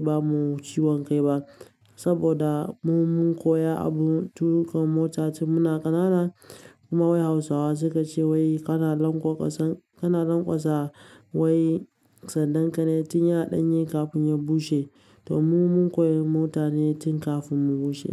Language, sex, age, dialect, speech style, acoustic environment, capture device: Hausa, male, 18-24, Nigeria - Kano, relaxed, nature; silence, mobile / Linux / Chrome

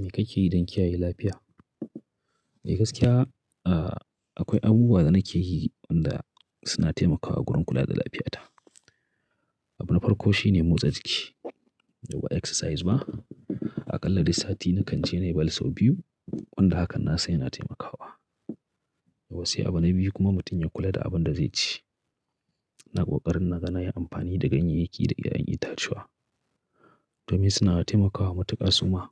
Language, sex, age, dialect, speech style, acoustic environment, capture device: Hausa, male, 35-44, Nigeria - Kano, excited, nature, mobile / Linux / Chrome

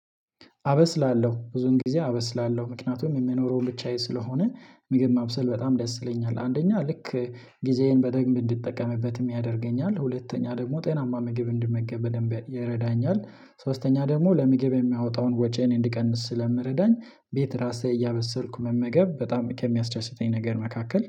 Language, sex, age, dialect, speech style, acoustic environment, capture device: Amharic, male, 25-34, Ethiopia - Addis Ababa, relaxed; focused, silence, mobile / Linux / Chrome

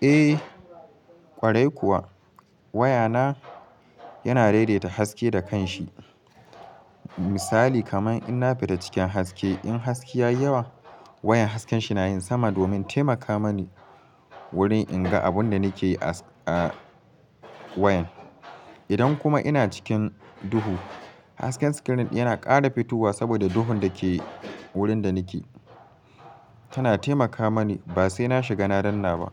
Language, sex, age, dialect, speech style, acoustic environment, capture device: Hausa, male, 18-24, Nigeria - Katsina, happy, silence, mobile / Linux / Chrome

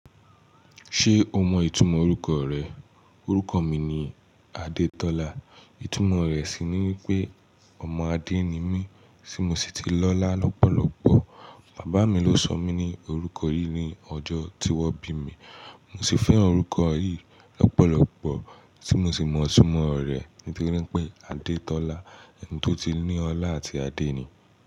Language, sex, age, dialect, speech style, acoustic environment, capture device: Yoruba, male, 18-24, Nigeria - Nigerian Standard, focused, silence, mobile / Linux / Chrome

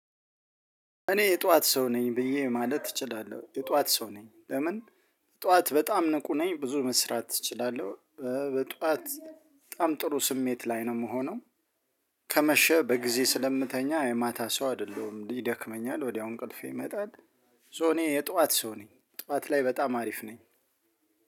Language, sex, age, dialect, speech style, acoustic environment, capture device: Amharic, male, 35-44, Ethiopia - Addis Ababa, excited, silence, mobile / Linux / Chrome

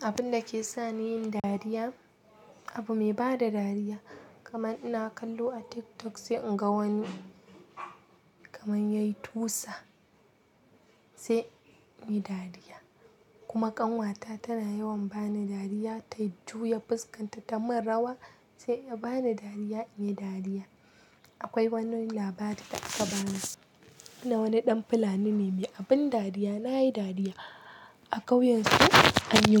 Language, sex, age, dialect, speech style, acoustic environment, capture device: Hausa, female, 18-24, Nigeria - Kano, happy, nature, mobile / Linux / Chrome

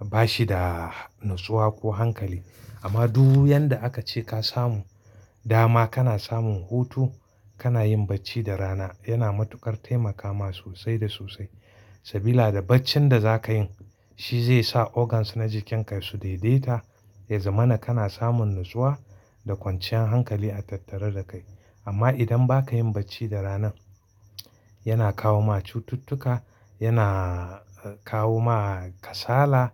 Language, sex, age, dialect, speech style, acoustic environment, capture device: Hausa, male, 35-44, Nigeria - Kano, happy, silence, mobile / Linux / Chrome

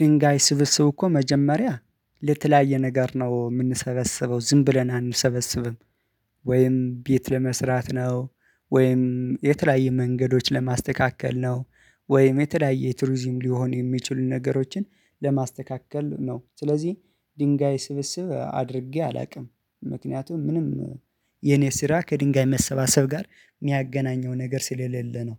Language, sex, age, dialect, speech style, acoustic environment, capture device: Amharic, male, 25-34, Ethiopia - Addis Ababa, focused; excited, silence, mobile / Linux / Chrome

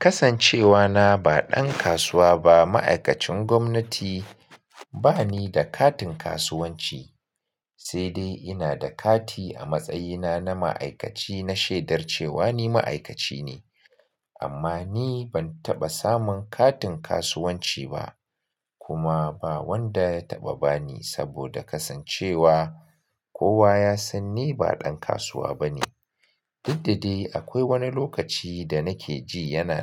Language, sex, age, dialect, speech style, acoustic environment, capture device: Hausa, male, 35-44, Nigeria - Kano, happy; focused; relaxed, silence, mobile / Linux / Chrome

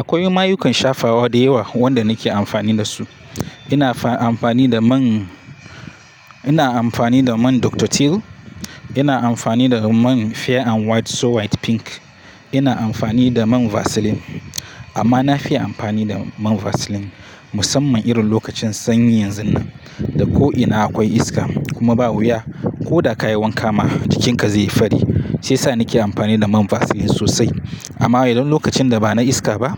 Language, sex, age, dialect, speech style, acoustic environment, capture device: Hausa, male, 25-34, Nigeria - Katsina, focused, silence, mobile / Linux / Chrome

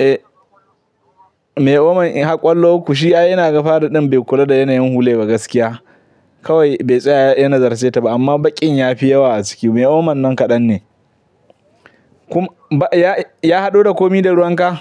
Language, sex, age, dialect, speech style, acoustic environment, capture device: Hausa, male, 18-24, Nigeria - Katsina, happy; anxious; tired; relaxed; nervous; excited; focused; stressed, people talking; music; nature; silence; traffic; construction; appliances, mobile / Linux / Chrome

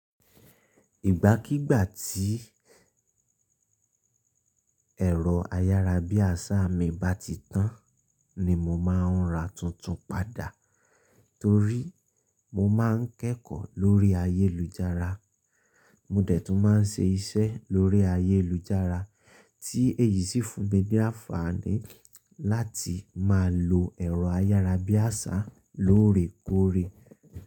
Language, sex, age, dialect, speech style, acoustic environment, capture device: Yoruba, male, 25-34, Nigeria - Ibadan, focused, silence, mobile / Linux / Chrome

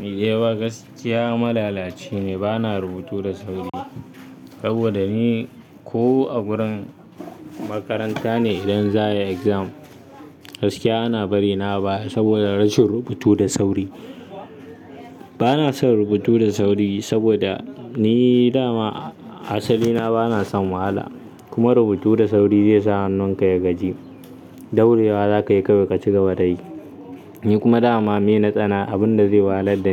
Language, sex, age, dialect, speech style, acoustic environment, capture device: Hausa, male, 18-24, Nigeria - Kano, focused, nature, mobile / Linux / Chrome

